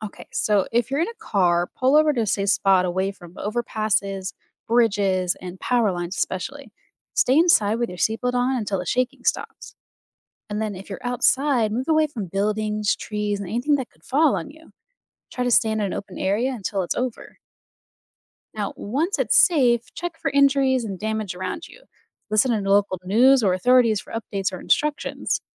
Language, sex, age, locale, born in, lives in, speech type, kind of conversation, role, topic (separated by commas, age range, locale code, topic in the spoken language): English, female, 30-34, en-US, United States, United States, read, expert-assistant, expert, What causes earthquakes to happen?